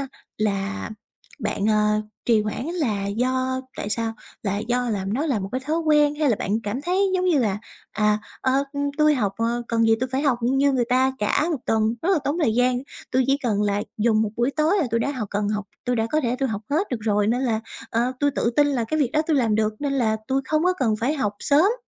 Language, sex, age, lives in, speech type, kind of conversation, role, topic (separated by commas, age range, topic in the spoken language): Vietnamese, female, 20-24, Vietnam, conversation, advice, advisor, Làm thế nào để ngừng trì hoãn cả ngày?